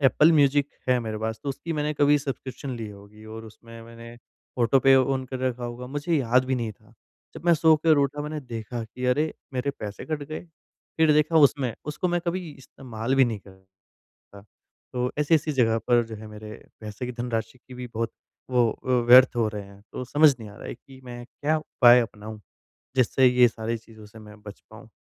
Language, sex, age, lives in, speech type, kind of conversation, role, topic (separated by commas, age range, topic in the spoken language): Hindi, female, 45-49, India, conversation, advice, user, मैं अपने डिजिटल जीवन को कम से कम और व्यवस्थित कैसे कर सकता/सकती हूँ?